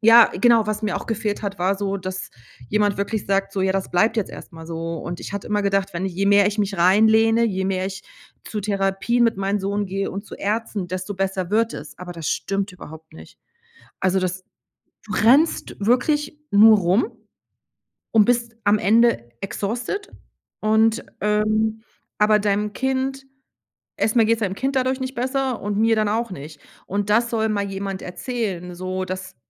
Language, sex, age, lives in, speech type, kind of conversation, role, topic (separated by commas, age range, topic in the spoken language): German, female, 45-49, Germany, conversation, advice, user, Wie erlebst du ständige Sorgen und Unsicherheit wegen einer unklaren Zukunft?